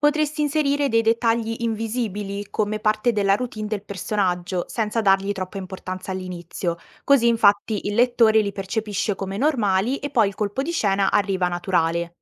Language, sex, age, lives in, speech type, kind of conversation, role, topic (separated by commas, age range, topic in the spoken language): Italian, female, 18-19, Italy, read, expert-assistant, expert, Come si intrecciano dettagli sorprendenti in una storia condivisa?